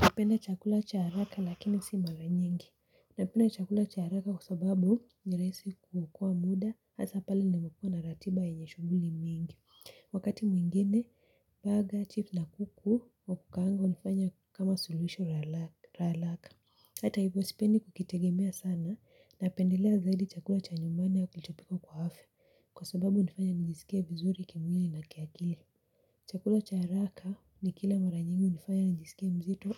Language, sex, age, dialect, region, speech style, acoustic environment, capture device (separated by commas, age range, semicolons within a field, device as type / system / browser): Swahili, female, 18-24, Kenya - Mombasa, Kenya, relaxed, people talking; silence, mobile / Linux / Chrome